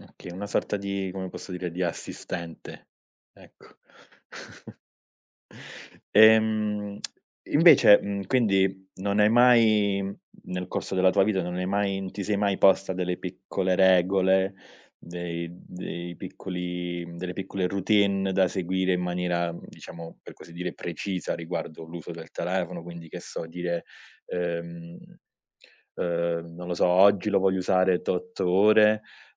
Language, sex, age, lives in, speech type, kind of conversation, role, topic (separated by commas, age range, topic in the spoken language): Italian, male, 30-34, Italy, conversation, podcast, host, Come descriveresti il tuo rapporto con lo smartphone nella vita quotidiana?